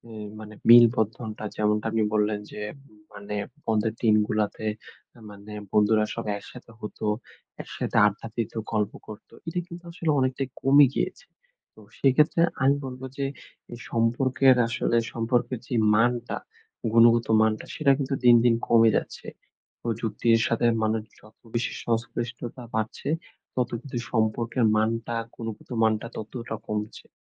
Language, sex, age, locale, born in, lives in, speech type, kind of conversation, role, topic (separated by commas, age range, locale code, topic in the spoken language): Bengali, male, 20-24, bn-BD, Bangladesh, Bangladesh, conversation, podcast, guest, আপনি কি মনে করেন, প্রযুক্তি মানুষকে একা করে দেয়?